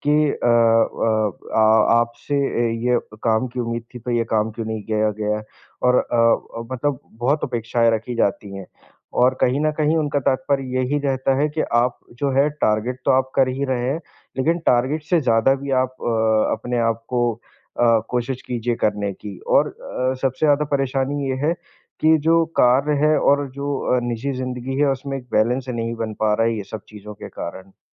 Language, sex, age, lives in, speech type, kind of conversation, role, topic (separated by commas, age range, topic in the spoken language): Hindi, male, 25-29, India, conversation, advice, user, ऑफिस की बार-बार होने वाली मीटिंगों से आपका गहरा काम कैसे बाधित हो रहा है?